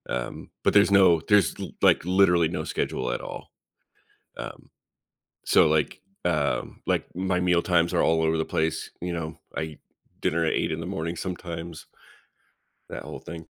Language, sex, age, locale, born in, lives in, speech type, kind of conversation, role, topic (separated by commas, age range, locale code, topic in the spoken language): English, male, 35-39, en-US, United States, United States, conversation, advice, user, How can I build and stick to healthier daily habits?